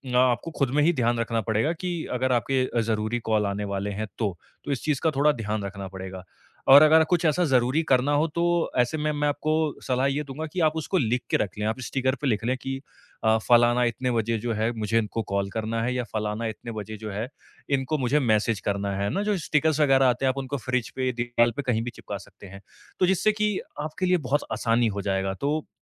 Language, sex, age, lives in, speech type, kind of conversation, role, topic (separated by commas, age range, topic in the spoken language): Hindi, male, 25-29, India, conversation, advice, advisor, लगातार फोन की सूचनाओं से मेरा ध्यान बार-बार क्यों भटक जाता है?